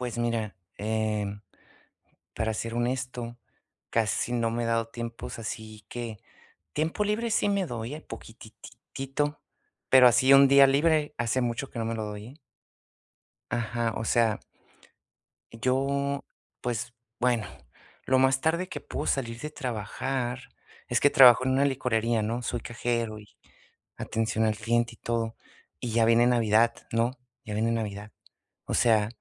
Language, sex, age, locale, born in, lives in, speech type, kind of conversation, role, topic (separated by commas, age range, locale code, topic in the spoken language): Spanish, male, 30-34, es-US, United States, United States, conversation, advice, user, ¿Cómo puedo manejar la culpa que siento al tomarme tiempo libre para recuperarme?